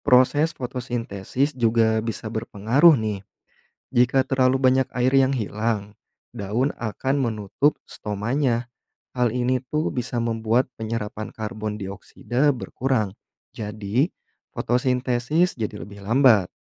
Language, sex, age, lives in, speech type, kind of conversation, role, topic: Indonesian, male, 30-34, Indonesia, read, expert-assistant, expert, Apa yang menyebabkan daun bergerak saat terkena angin?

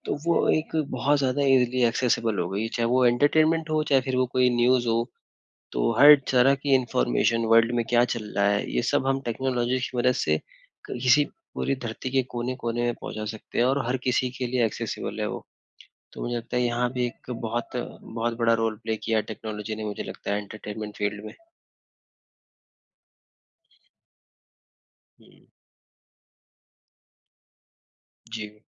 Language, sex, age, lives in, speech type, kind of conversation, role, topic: Hindi, male, 20-24, India, conversation, unstructured, none, तकनीक आपके दिन को बेहतर बनाने में कैसे मदद करती है?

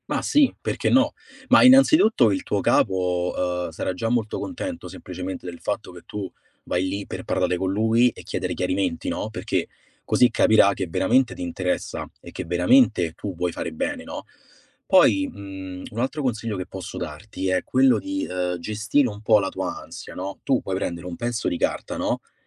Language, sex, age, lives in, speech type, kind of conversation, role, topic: Italian, male, 25-29, Italy, conversation, advice, advisor, Come hai vissuto la transizione verso un nuovo ruolo lavorativo?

